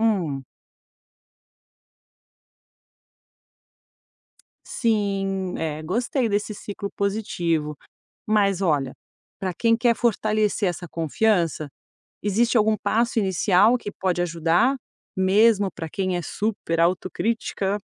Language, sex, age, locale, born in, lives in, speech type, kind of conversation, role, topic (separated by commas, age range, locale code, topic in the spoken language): Portuguese, female, 50-54, pt-BR, Brazil, United States, read, expert-assistant, asker, Muitas pessoas dizem que criatividade é um talento nato, enquanto outras acreditam que pode ser desenvolvida com prática. Afinal, o que realmente define a criatividade: ela nasce com a gente ou pode ser cultivada ao longo da vida?